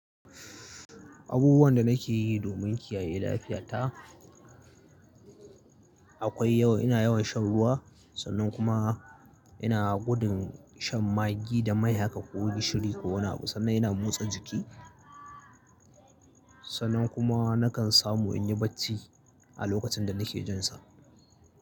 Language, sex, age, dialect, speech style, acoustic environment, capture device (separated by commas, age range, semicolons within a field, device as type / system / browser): Hausa, male, 25-34, Nigeria - Kano, relaxed, people talking; appliances, mobile / Linux / Chrome